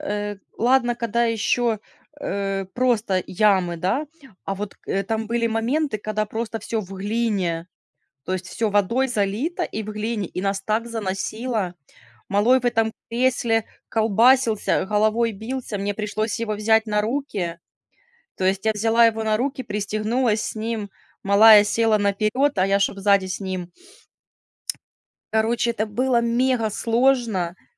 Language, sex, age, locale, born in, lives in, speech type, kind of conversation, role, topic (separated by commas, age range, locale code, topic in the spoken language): Russian, female, 30-34, ru-RU, Ukraine, Spain, conversation, unstructured, none, Какой сезон вам ближе: лето или зима?